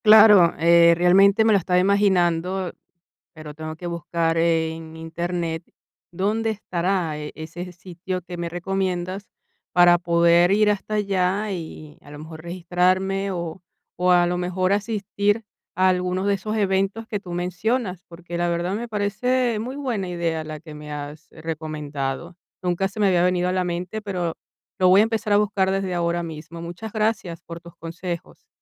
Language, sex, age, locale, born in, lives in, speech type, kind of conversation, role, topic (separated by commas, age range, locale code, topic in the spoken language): Spanish, female, 45-49, es-VE, Venezuela, Italy, conversation, advice, user, ¿Cómo puedo hacer amistades duraderas después de mudarme a otra ciudad?